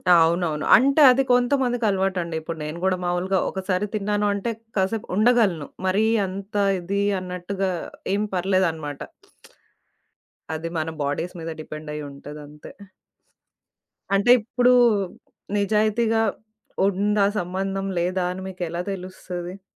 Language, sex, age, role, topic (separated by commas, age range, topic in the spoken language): Telugu, female, 30-34, host, ప్రేమ సంబంధాల్లో నిజాయితీగా మాట్లాడినప్పుడు ఎదురయ్యే ముఖ్యమైన సవాళ్లు ఏమేమి?